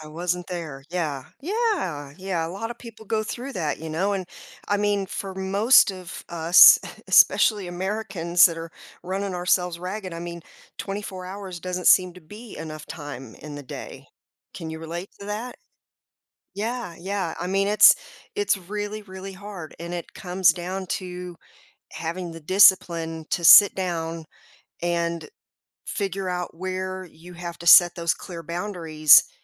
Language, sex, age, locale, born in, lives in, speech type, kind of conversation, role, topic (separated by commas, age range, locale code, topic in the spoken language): English, female, 50-54, en-US, United States, United States, conversation, advice, advisor, How can I improve my work-life balance?